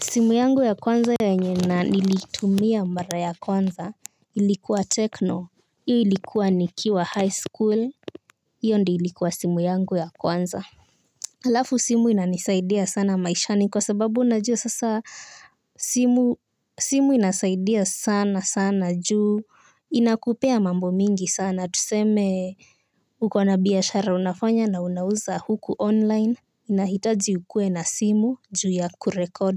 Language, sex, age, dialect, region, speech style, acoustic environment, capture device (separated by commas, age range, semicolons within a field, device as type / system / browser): Swahili, female, 18-24, Kenya - Nairobi Sheng-influenced, Kenya, relaxed, silence, mobile / Linux / Chrome